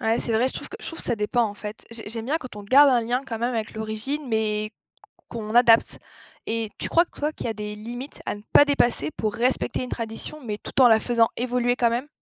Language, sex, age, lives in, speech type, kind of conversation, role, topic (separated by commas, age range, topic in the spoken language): French, female, 25-29, France, read, expert-assistant, asker, Comment la mondialisation influence-t-elle ce que l’on mange ou ce que l’on porte chaque jour ?